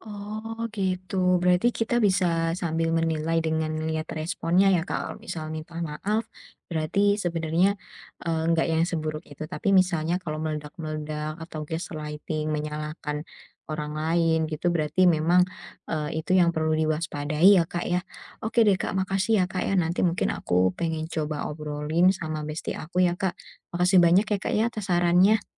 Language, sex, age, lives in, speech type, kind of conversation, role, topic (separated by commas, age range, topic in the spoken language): Indonesian, female, 20-24, Indonesia, conversation, advice, user, Bagaimana cara menetapkan batasan yang sehat dalam pertemanan saya?